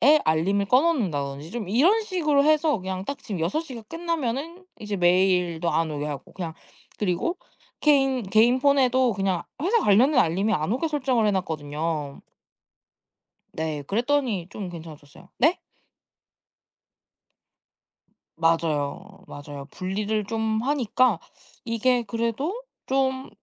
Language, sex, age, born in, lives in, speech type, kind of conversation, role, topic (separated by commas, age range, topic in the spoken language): Korean, female, 20-24, South Korea, Japan, conversation, podcast, guest, 일과 삶의 균형을 지키기 위해 일상에서 어떤 습관을 실천하고 계신가요?